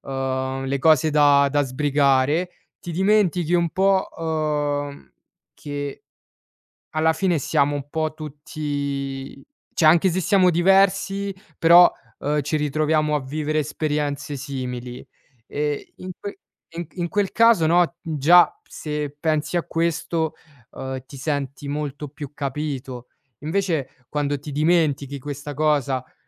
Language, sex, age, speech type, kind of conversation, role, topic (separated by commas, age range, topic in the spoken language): Italian, male, 20-24, conversation, advice, user, Come posso gestire il perfezionismo che mi causa blocchi e stress?